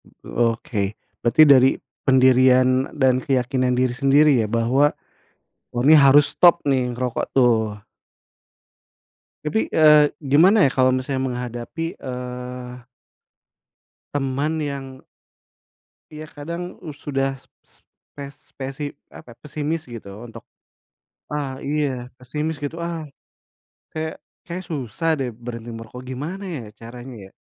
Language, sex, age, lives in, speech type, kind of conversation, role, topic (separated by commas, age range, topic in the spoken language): Indonesian, male, 40-44, Indonesia, conversation, unstructured, none, Bagaimana cara meyakinkan teman untuk berhenti merokok demi kesehatan mereka?